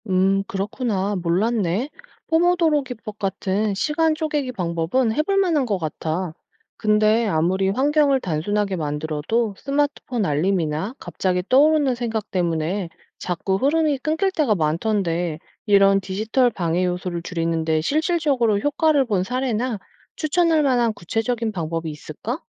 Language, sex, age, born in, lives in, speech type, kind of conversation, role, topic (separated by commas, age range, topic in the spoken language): Korean, female, 35-39, South Korea, South Korea, read, expert-assistant, asker, 왜 우리는 자꾸 미루게 될까요?